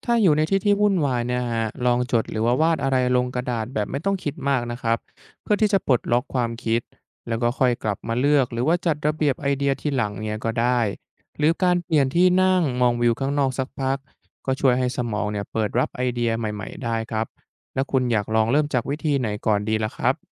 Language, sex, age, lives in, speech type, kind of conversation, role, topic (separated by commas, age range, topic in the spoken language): Thai, male, 20-24, Thailand, read, expert-assistant, expert, มีวิธีไหนบ้างที่ช่วยให้โฟกัสกับงานได้ต่อเนื่อง ไม่หลุดง่าย?